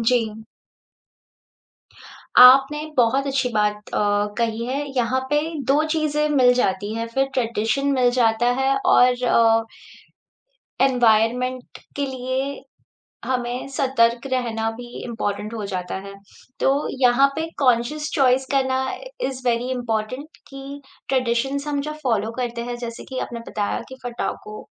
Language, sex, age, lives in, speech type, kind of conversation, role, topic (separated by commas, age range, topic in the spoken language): Hindi, female, 30-34, India, conversation, podcast, guest, Aapke hisab se festivals ko eco-friendly kaise mana sakte hain?